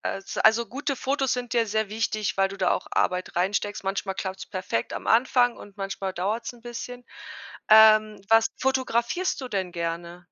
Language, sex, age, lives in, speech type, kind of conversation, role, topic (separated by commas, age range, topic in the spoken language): German, female, 35-39, Germany, conversation, podcast, host, Wie hast du das Fotografieren mit dem Smartphone verbessert?